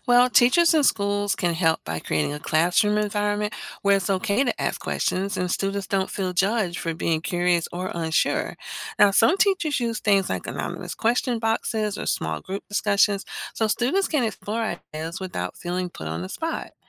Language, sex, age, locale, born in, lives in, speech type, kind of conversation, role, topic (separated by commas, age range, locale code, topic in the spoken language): English, female, 50-54, en-US, United States, United States, read, expert-assistant, expert, Why do some people accept evolution while others reject it, and how do cultural backgrounds shape these views?